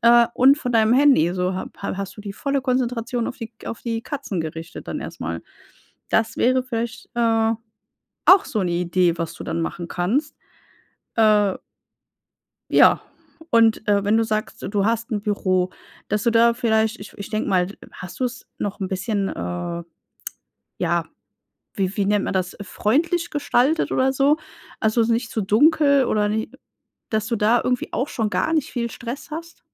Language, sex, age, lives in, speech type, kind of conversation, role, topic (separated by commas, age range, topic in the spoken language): German, female, 35-39, Germany, conversation, advice, advisor, Wie plane ich regelmäßig Pausen ein, damit ich mich gut erholen kann?